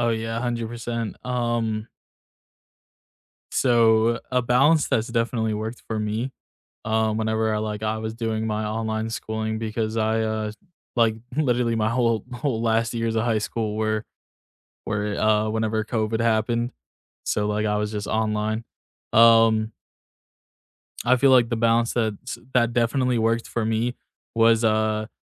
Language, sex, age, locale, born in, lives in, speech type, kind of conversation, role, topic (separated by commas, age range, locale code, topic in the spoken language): English, male, 20-24, en-US, United States, United States, conversation, unstructured, none, How has classroom technology helped or hindered your learning, and what moments shaped your view?